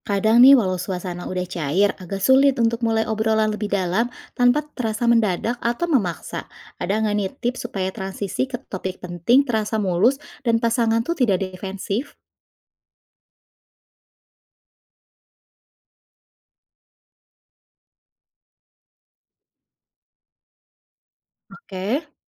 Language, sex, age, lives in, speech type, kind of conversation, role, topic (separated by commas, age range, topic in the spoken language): Indonesian, female, 35-39, Indonesia, read, expert-assistant, asker, Dalam membangun hubungan yang sehat, mana yang lebih penting untuk diprioritaskan: kemampuan mendengarkan dengan empati atau kemampuan menyampaikan pendapat secara jelas? Mengapa demikian?